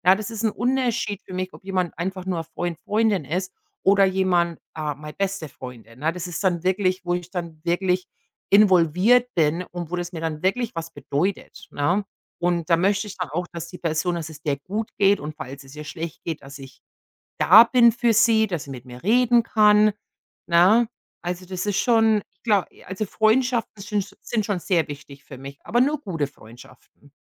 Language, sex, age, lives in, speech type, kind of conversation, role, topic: German, female, 45-49, United States, conversation, unstructured, none, Was macht für dich einen guten Freund oder eine gute Freundin aus?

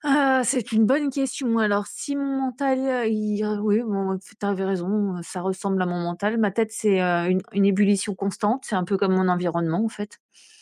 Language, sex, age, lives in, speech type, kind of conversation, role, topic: French, female, 45-49, France, conversation, advice, user, Pourquoi ai-je du mal à ranger et à entretenir mon espace au quotidien ?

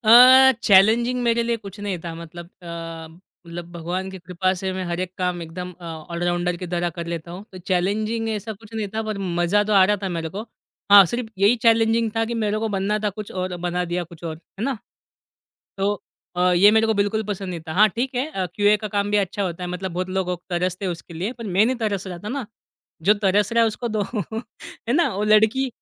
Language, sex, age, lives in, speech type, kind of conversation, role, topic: Hindi, male, 25-29, India, conversation, podcast, guest, Apni pehli naukri ka experience kaisa tha?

